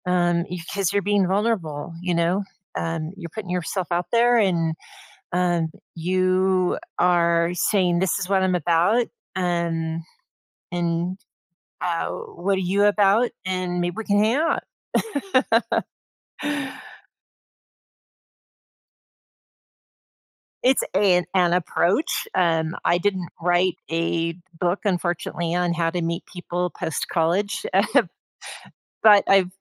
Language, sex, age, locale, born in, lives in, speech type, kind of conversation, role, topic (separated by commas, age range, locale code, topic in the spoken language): English, female, 55-59, en-US, United States, United States, conversation, advice, advisor, How can I meet and build lasting friendships as an adult?